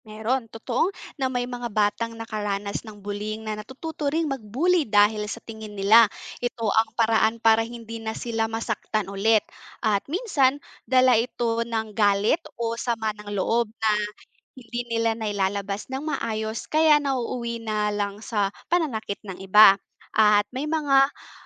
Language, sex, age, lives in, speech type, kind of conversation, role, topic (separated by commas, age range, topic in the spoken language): Filipino, female, 25-29, Philippines, read, expert-assistant, expert, Paano nakakaapekto ang bullying sa pag-uugali ng kabataan?